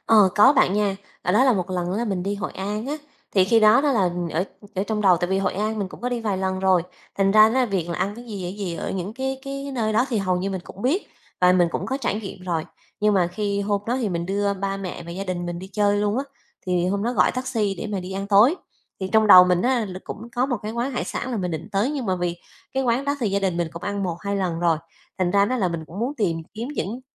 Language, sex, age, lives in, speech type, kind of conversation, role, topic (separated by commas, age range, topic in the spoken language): Vietnamese, female, 35-39, Vietnam, conversation, podcast, guest, Ai đã chỉ cho bạn món ăn địa phương ngon nhất?